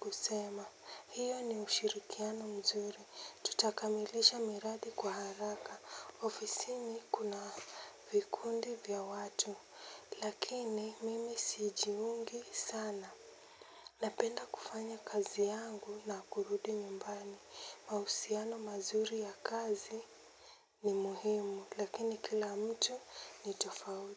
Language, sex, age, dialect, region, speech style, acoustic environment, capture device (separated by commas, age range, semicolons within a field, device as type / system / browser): Swahili, female, 18-24, Kenya - Mombasa, Kenya, focused, silence, mobile / Linux / Chrome